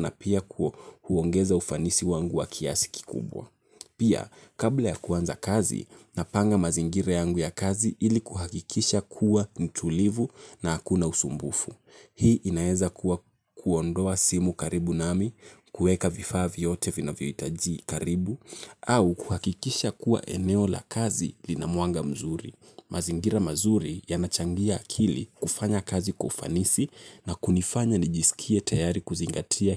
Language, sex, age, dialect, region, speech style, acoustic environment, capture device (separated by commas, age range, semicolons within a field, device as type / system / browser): Swahili, male, 18-24, Kenya - Nairobi Sheng-influenced, Kenya, relaxed, nature; traffic; appliances, mobile / Linux / Chrome